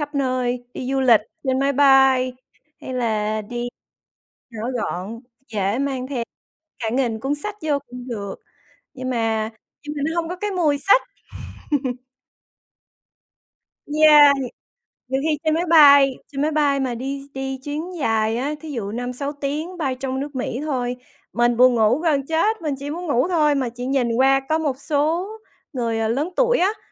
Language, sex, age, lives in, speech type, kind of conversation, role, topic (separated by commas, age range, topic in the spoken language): Vietnamese, female, 40-44, United States, conversation, unstructured, none, Bạn cân nhắc những yếu tố nào khi chọn một cuốn sách để đọc?